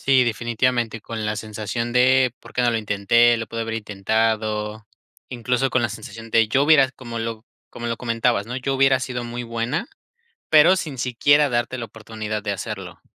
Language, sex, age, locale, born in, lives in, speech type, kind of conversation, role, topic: Spanish, male, 25-29, es-MX, Mexico, Mexico, conversation, podcast, host, ¿Cómo decides si aceptar una oferta de trabajo o renunciar a tu empleo actual?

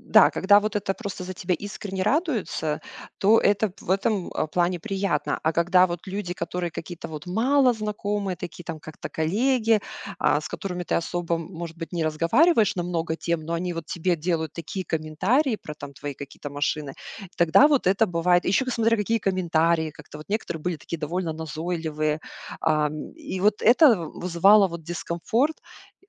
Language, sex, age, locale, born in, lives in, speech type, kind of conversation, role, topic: Russian, female, 40-44, ru-RU, Russia, United States, conversation, podcast, guest, Как перестать измерять свой успех чужими стандартами?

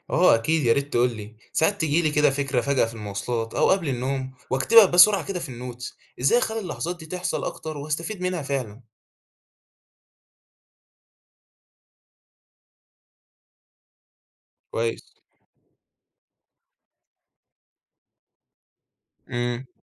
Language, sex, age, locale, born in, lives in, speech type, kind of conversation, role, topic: Arabic, male, 18-19, ar-EG, Egypt, Egypt, read, expert-assistant, asker, ممكن تشرحلي إزاي الإبداع بيتولد في دماغ الإنسان؟ يعني إيه اللي بيحصل جوا مخنا لما بنكون بنفكر بطريقة مبتكرة؟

